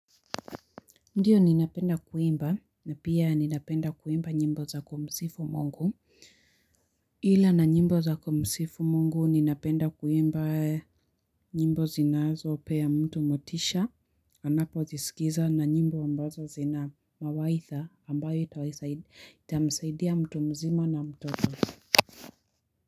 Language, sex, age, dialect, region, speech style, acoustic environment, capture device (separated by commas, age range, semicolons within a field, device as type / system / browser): Swahili, female, 18-24, Kenya - Nairobi Sheng-influenced, Kenya, happy; focused, people talking; construction; nature, mobile / Linux / Chrome